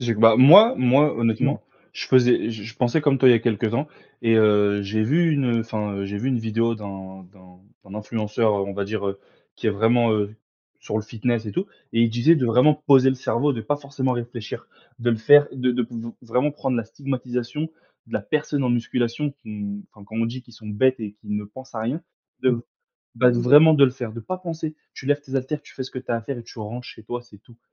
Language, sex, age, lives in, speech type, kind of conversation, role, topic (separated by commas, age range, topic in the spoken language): French, male, 20-24, France, conversation, unstructured, none, Qu’est-ce qui te motive à faire du sport régulièrement ?